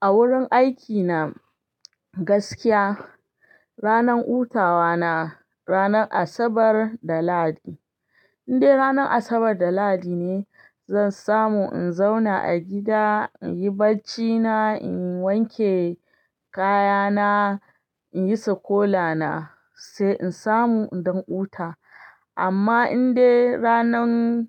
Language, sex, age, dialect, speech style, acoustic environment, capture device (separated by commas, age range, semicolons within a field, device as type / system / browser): Hausa, female, 25-34, Nigeria - Ibadan, excited; happy, silence, desktop / Linux / Chrome